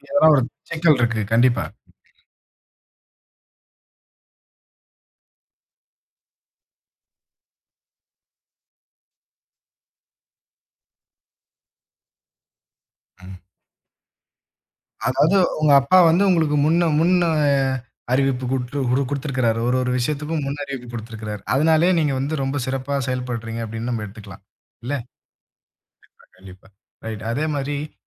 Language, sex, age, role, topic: Tamil, male, 25-29, host, மோசமான பழக்கங்கள் உள்ள ஒருவருடன் நீங்கள் எப்படிச் சரியான வரம்புகளை அமைப்பீர்கள்?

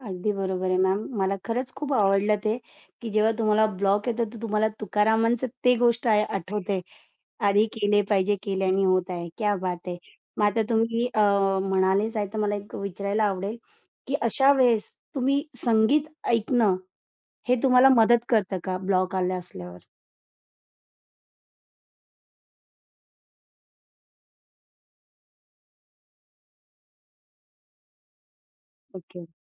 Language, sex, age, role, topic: Marathi, female, 45-49, host, कलात्मक अडथळा आल्यावर तुम्ही काय करता?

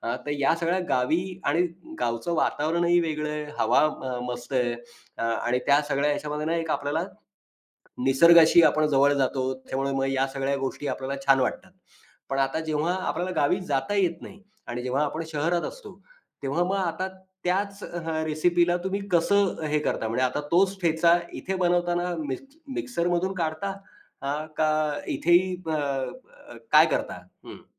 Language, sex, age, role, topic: Marathi, male, 40-44, host, सण-उत्सवात तुमच्या घरात नेहमी कोणते पदार्थ बनतात?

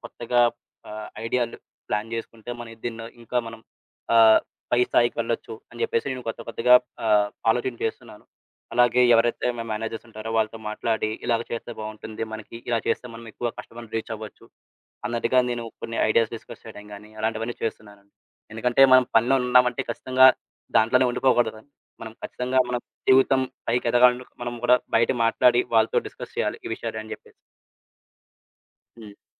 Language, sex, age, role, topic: Telugu, male, 20-24, guest, పాత నమ్మకాన్ని విడిచి కొత్తదాన్ని ఆచరించడం మీకు ఎలా అనిపించింది?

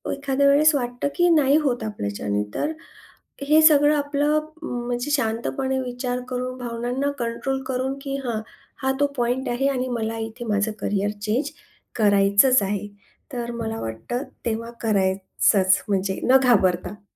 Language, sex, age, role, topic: Marathi, female, 40-44, guest, करिअर बदलताना मानसिक ताण तुम्ही कसा हाताळता?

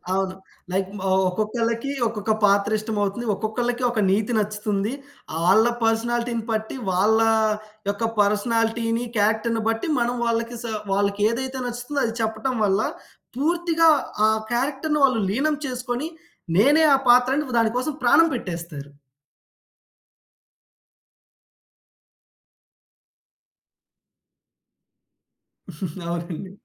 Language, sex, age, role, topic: Telugu, male, 20-24, guest, మనకు కొన్ని పాత్రలపై ప్రేమ ఎలా పుడుతుంది?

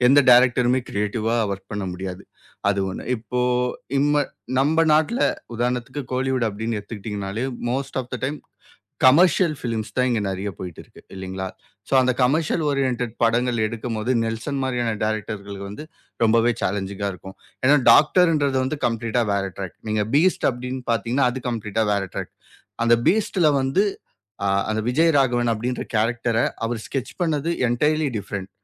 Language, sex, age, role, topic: Tamil, male, 25-29, guest, உங்களுக்கு பிடித்த திரைப்பட அனுபவம் எது?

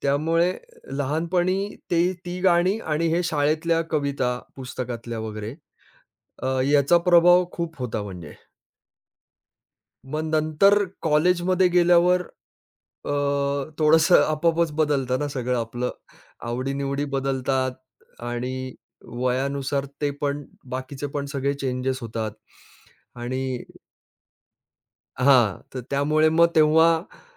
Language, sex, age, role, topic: Marathi, male, 45-49, guest, तुमच्या गाण्यांच्या आवडीनिवडीत काळानुसार काय बदल झाला?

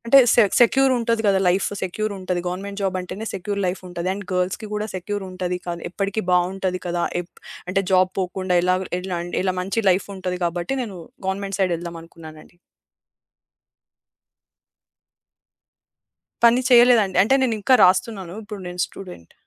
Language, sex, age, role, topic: Telugu, female, 25-29, guest, మీ జీవితంలో మీరు తీసుకున్న ఏ నిర్ణయంపై మీకు ఎక్కువ పశ్చాత్తాపం ఉంది?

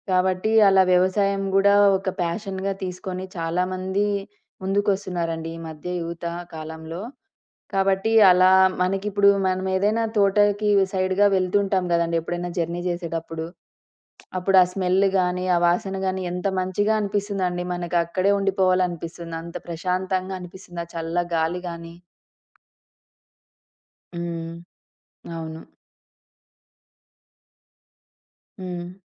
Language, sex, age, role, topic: Telugu, female, 30-34, guest, తోటలో కూరగాయలు పండించడం ప్రారంభించిన తర్వాత మీ ఆహారపు అలవాట్లు, ఆలోచన విధానం ఎలా మారాయి?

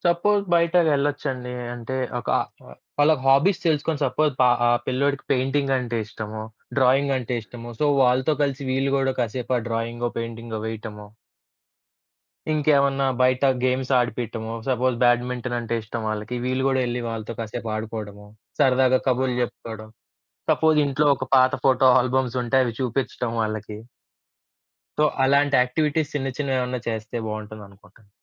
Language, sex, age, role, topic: Telugu, male, 25-29, guest, పిల్లలు పెరిగేకొద్దీ వాళ్లతో అనుసంధానం కోల్పోకుండా ఉండేందుకు మీరు ఏ చిట్కాలు పాటిస్తారు?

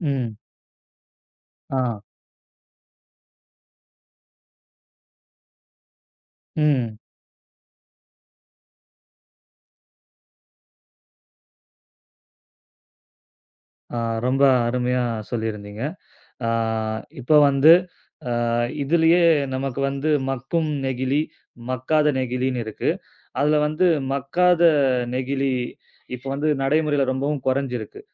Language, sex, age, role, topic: Tamil, male, 30-34, host, பிளாஸ்டிக் மாசு பற்றி நீங்கள் பொதுமக்களுக்கு என்ன சொல்லுவீர்கள்?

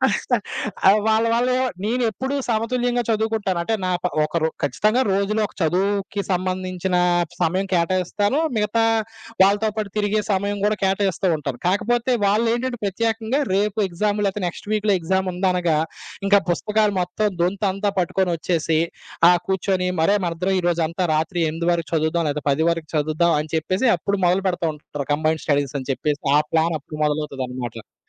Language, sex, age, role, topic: Telugu, male, 25-29, guest, కొత్తగా పరిచయమైన వాళ్లతో మీరు స్నేహాన్ని ఎలా పెంచుకుంటారు?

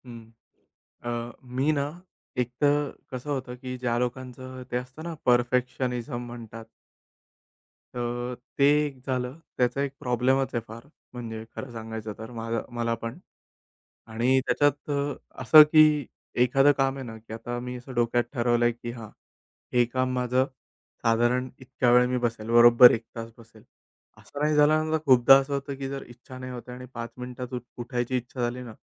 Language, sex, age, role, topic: Marathi, male, 30-34, guest, रोकलेलं काम पुन्हा सुरू करण्यासाठी तुम्ही कोणत्या छोट्या पावलांनी सुरुवात करता?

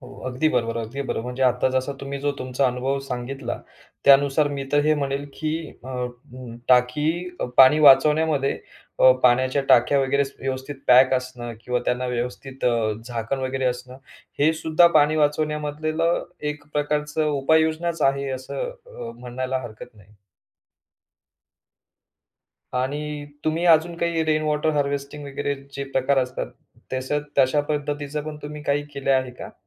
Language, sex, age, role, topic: Marathi, male, 35-39, host, घरात पाणी वाचवण्यासाठी कोणते सोपे उपाय करता येतील?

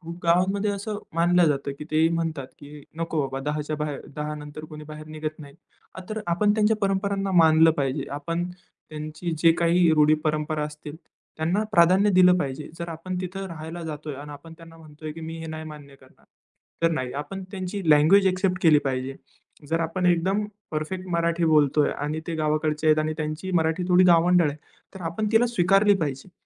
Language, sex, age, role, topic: Marathi, male, 20-24, guest, अज्ञात गावात तुम्ही सर्वात आधी काय कराल?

